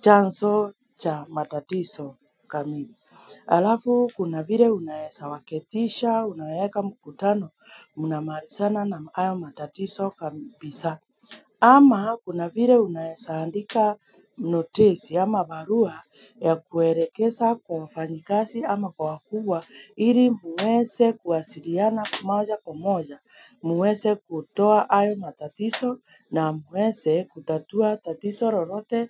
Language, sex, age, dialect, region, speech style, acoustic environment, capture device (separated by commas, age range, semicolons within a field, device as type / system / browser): Swahili, female, 25-34, Kenya - Nairobi Sheng-influenced, Kenya, relaxed; happy, silence; appliances, mobile / Linux / Chrome